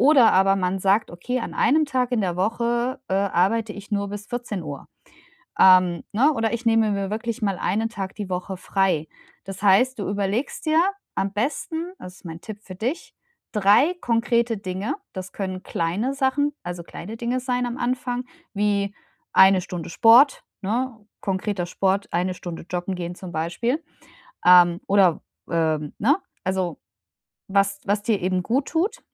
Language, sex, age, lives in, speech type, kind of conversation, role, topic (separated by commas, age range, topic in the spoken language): German, female, 35-39, Germany, conversation, advice, advisor, Wie setze ich klare Grenzen, um mich wirklich zu erholen, ohne Schuldgefühle zu haben?